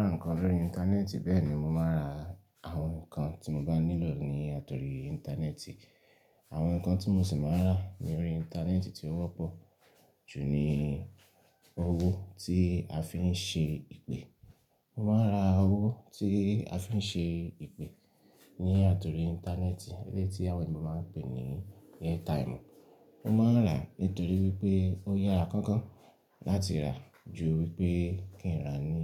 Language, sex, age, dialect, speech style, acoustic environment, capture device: Yoruba, male, 25-34, Nigeria - Ibadan, happy; relaxed; excited; focused, silence; nature, desktop / Linux / Chrome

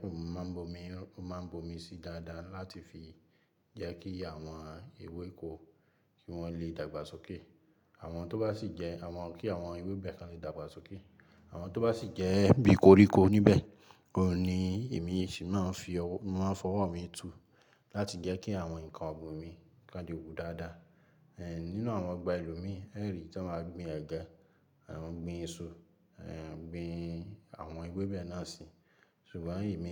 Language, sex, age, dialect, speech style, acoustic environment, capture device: Yoruba, male, 25-34, Nigeria - Ibadan, happy; relaxed, nature, mobile / Linux / Chrome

